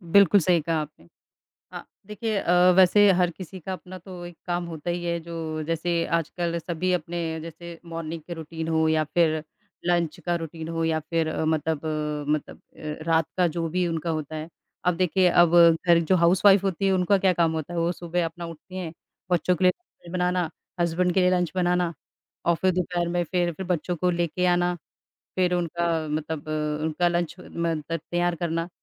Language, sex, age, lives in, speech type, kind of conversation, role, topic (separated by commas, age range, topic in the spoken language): Hindi, female, 35-39, India, conversation, unstructured, none, आपको अपने काम में सबसे ज़्यादा क्या पसंद है?